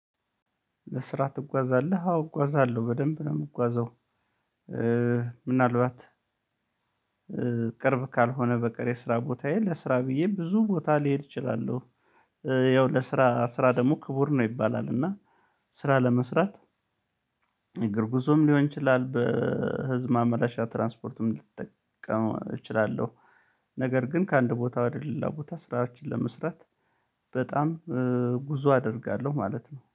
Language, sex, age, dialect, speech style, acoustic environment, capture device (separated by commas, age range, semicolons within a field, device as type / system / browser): Amharic, male, 35-44, Ethiopia - Gondar, happy; relaxed, silence; people talking, mobile / Linux / Chrome